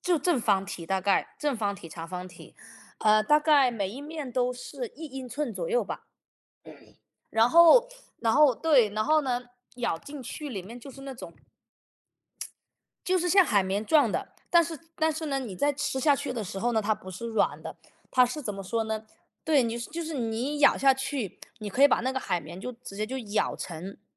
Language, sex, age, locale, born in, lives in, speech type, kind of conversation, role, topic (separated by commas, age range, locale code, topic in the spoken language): Chinese, male, 35-39, zh-CN, United States, United States, conversation, unstructured, none, 你有没有特别喜欢的地方小吃？